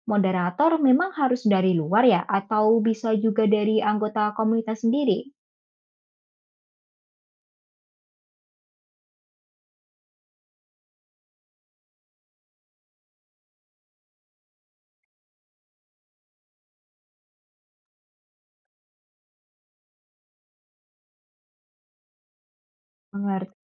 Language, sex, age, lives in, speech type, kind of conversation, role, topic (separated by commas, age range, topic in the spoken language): Indonesian, female, 20-24, Indonesia, read, expert-assistant, asker, Bagaimana saya bisa memulai kebiasaan kecil yang berdampak besar pada kesehatan masyarakat sekitar saya?